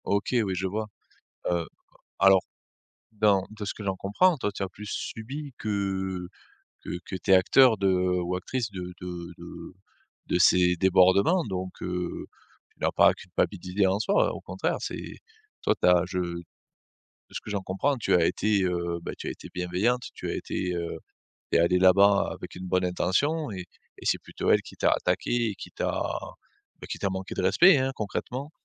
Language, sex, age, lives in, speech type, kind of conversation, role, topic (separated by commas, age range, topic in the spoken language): French, male, 35-39, France, conversation, advice, advisor, Comment gérer une culpabilité persistante après une dispute familiale ?